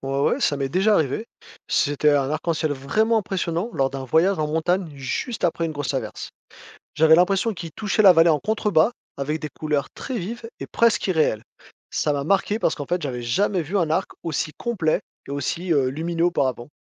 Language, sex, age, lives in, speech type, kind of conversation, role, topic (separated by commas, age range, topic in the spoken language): French, male, 40-44, France, read, expert-assistant, asker, Qu’est-ce qu’un arc-en-ciel et comment se forme-t-il ?